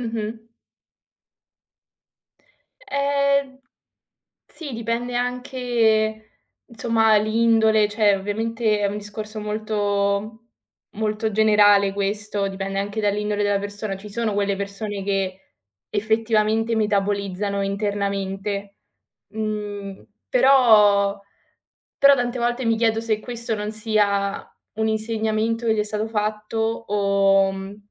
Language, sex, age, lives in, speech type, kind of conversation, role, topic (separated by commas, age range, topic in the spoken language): Italian, female, 20-24, Italy, conversation, unstructured, none, Come possiamo convincere le persone a non ignorare i segnali d’allarme emotivi?